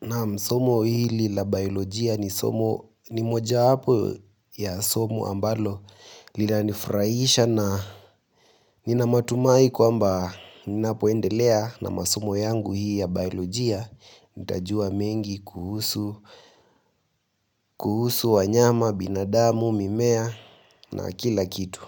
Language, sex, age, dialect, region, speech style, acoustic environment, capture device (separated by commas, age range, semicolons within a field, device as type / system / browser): Swahili, male, 18-24, Kenya - Nairobi Sheng-influenced, Kenya, nervous, silence, mobile / Linux / Chrome